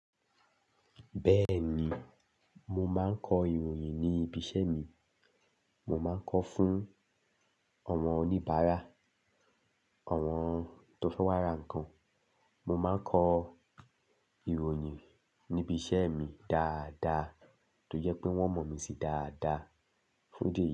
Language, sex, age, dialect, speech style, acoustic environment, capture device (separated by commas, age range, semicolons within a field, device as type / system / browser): Yoruba, male, 25-34, Nigeria - Lagos Yoruba, happy, silence, desktop / Linux / Chrome